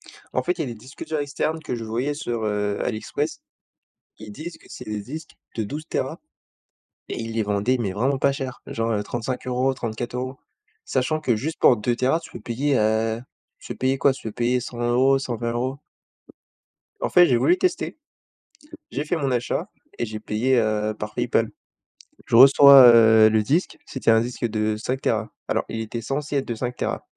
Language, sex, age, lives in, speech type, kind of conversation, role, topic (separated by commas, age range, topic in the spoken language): French, male, 20-24, France, conversation, unstructured, none, Quel est ton plus beau souvenir lié à un achat important ?